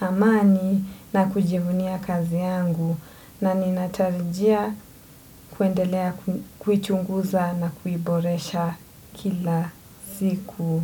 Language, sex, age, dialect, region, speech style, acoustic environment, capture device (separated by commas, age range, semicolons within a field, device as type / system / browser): Swahili, female, 25-34, Kenya - Mombasa, Kenya, relaxed, silence; nature, desktop / Windows / Edge